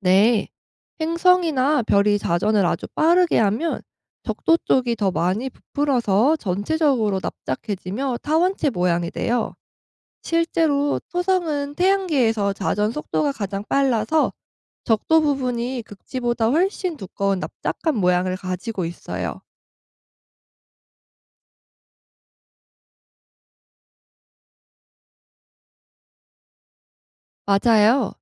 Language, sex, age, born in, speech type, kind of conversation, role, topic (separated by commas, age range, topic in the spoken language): Korean, female, 25-29, South Korea, read, expert-assistant, expert, 지구는 왜 둥글까요?